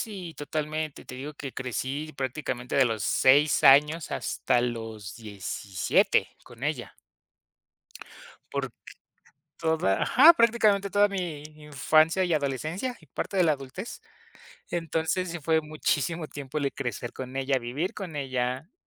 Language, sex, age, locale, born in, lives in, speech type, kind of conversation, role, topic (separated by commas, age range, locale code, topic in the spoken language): Spanish, male, 25-29, es-MX, Mexico, Mexico, conversation, podcast, guest, ¿Qué plato te recuerda a tu infancia?